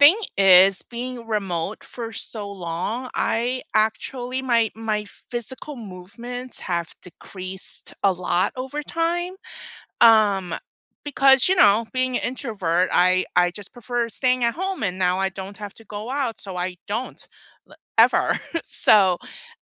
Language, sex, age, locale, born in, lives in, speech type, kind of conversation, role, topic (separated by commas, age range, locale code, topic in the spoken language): English, female, 45-49, en-US, United States, United States, conversation, unstructured, none, Which work setup—remote, hybrid, or on-site—fits you best, and how does it shape your day and relationships?